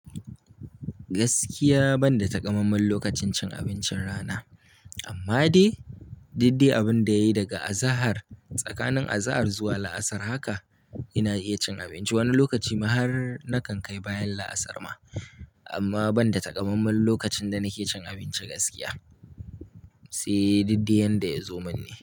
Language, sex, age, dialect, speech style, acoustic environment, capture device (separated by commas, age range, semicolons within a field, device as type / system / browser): Hausa, male, 18-24, Nigeria - Kano, happy, appliances, mobile / Linux / Chrome